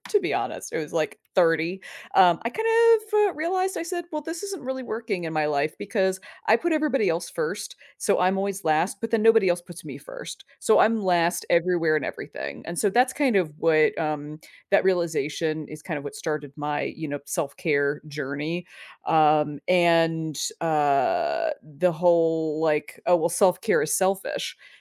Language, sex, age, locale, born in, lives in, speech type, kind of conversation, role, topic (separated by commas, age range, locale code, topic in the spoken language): English, female, 40-44, en-US, United States, United States, conversation, podcast, host, How do you make self-care a meaningful part of your everyday life?